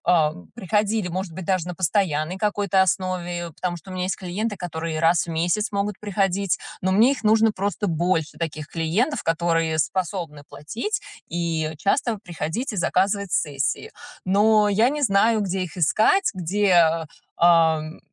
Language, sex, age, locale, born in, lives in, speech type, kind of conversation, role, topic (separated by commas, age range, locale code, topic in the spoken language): Russian, female, 35-39, ru-RU, Russia, United States, conversation, advice, user, Как привлечь первых клиентов без бюджета?